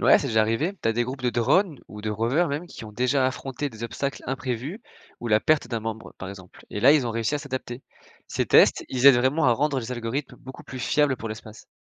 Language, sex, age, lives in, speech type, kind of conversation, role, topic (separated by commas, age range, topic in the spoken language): French, male, 20-24, France, read, expert-assistant, expert, Pourquoi envoie-t-on parfois des robots, parfois des humains dans l’espace ? Quelles expériences uniques chacun permet-il ?